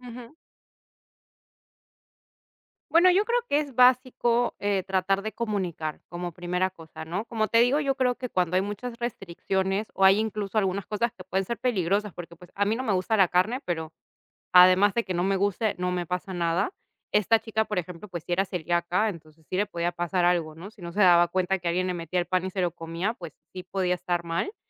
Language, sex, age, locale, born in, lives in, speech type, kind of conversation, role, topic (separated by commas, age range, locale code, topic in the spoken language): Spanish, female, 30-34, es-PE, Peru, Italy, conversation, podcast, guest, ¿Cómo adaptas tus comidas para personas con alergias o dietas especiales?